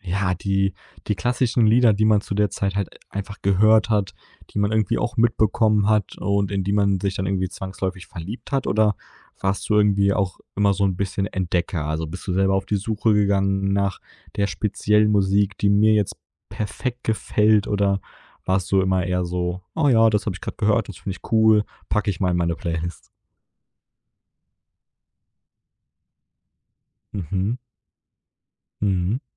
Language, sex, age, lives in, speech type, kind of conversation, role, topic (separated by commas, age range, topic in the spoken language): German, male, 20-24, Germany, conversation, podcast, host, Wie hat deine Herkunft deinen Musikgeschmack geprägt?